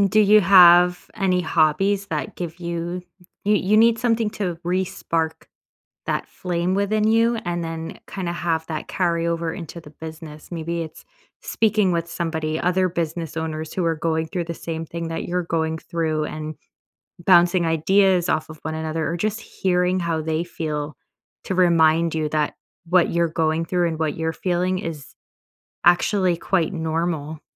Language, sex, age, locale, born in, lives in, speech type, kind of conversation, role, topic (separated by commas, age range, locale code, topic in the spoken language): English, female, 30-34, en-US, United States, United States, conversation, advice, advisor, How can I find direction after a major life transition?